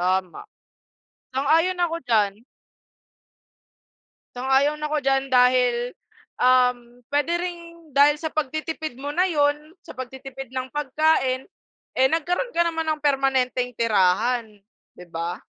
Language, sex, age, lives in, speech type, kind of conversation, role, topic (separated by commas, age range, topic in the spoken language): Filipino, female, 20-24, Philippines, conversation, unstructured, none, Ano ang pinakamasayang naramdaman mo matapos makapagtipid?